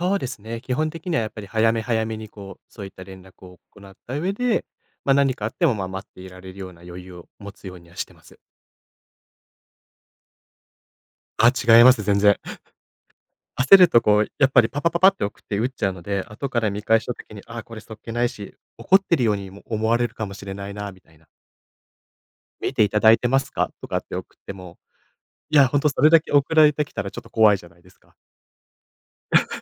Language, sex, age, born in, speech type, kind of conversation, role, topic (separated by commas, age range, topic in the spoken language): Japanese, male, 25-29, Japan, conversation, podcast, guest, テキストやSNSでの会話で、どんな点に気をつけていますか？